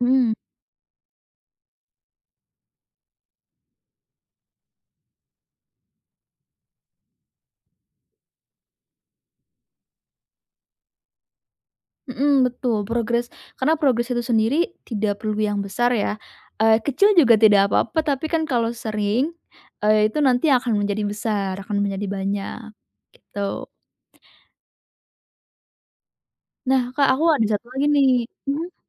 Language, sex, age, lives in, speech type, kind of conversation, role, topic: Indonesian, female, 20-24, Indonesia, conversation, advice, advisor, Bagaimana cara mempertahankan fokus dalam waktu singkat saat menggunakan teknik interval kerja?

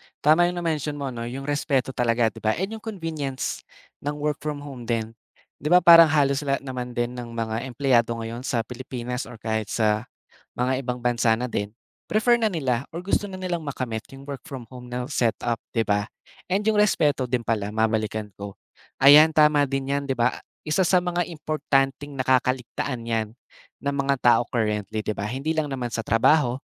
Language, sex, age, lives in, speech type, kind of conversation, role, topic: Filipino, male, 20-24, Philippines, conversation, podcast, host, Paano mo binabalanse ang trabaho at buhay-pamilya?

